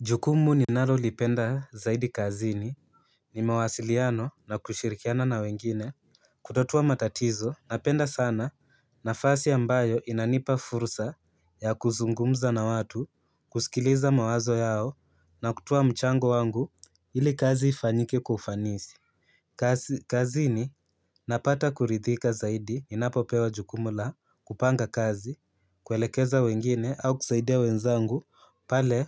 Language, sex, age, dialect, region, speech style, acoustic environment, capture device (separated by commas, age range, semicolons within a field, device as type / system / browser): Swahili, male, 18-24, Kenya - Nairobi Sheng-influenced, Kenya, relaxed, nature, mobile / Linux / Chrome